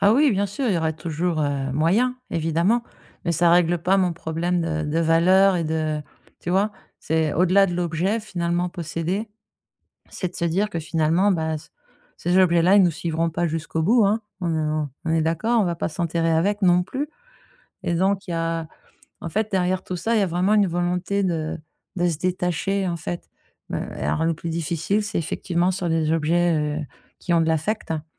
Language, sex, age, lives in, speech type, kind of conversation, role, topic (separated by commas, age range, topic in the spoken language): French, female, 50-54, France, conversation, advice, user, Comment puis-je commencer à simplifier mon espace de vie ?